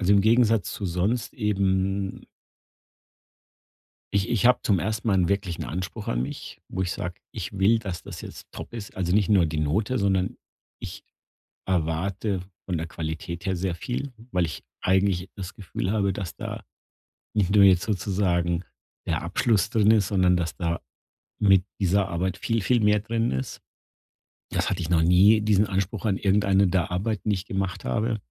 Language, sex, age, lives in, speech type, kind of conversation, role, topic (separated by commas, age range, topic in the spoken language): German, male, 50-54, Germany, conversation, advice, user, Wie kann ich Perfektionismus und Aufschieben überwinden, wenn ich viele Projekte anfange, aber kaum welche beende?